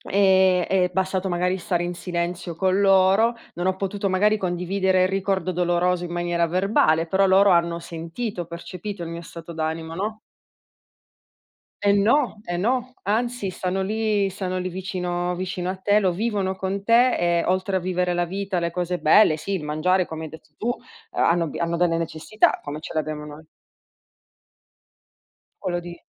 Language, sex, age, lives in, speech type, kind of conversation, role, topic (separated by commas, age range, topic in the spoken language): Italian, female, 30-34, Italy, conversation, unstructured, none, Come reagisci quando qualcuno minimizza i tuoi ricordi dolorosi?